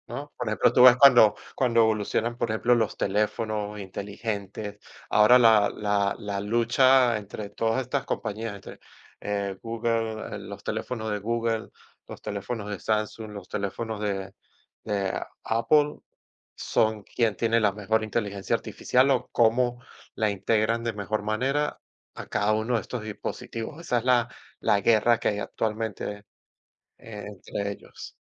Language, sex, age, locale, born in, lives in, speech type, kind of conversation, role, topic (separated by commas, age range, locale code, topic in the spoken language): Spanish, male, 40-44, es-VE, Venezuela, United States, conversation, unstructured, none, ¿Cómo imaginas el futuro con la inteligencia artificial?